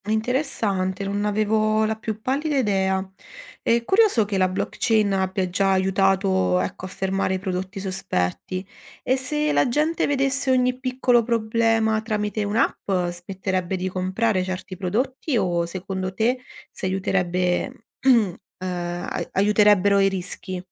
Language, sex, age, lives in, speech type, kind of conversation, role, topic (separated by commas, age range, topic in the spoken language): Italian, female, 30-34, Italy, read, expert-assistant, asker, Immagina un mondo in cui ogni persona potesse vedere in tempo reale la presenza di contaminanti nel cibo tramite un'app. Come cambierebbero le nostre abitudini alimentari e la fiducia nei confronti dei produttori?